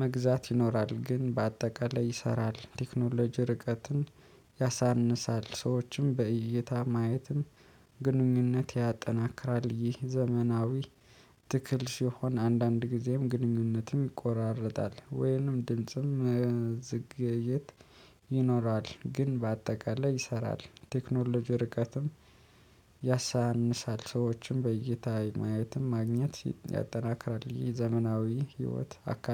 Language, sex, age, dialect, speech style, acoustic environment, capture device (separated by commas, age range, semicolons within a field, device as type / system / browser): Amharic, male, 25-34, Ethiopia - Addis Ababa, happy, people talking; nature, mobile / Linux / Chrome